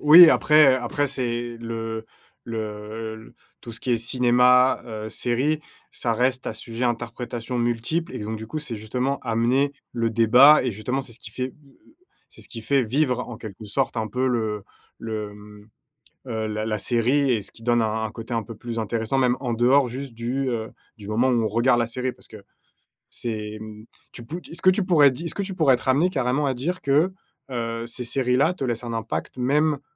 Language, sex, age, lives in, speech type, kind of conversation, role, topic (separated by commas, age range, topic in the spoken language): French, male, 30-34, France, conversation, podcast, host, Quelle est ta série préférée, et pourquoi te parle-t-elle ?